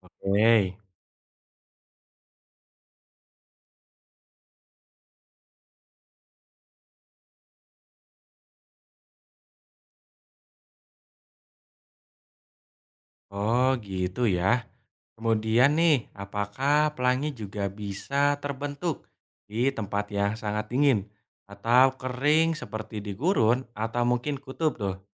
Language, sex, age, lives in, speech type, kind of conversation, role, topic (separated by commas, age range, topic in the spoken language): Indonesian, male, 20-24, Indonesia, read, expert-assistant, asker, Apa yang menyebabkan pelangi hanya muncul setelah hujan?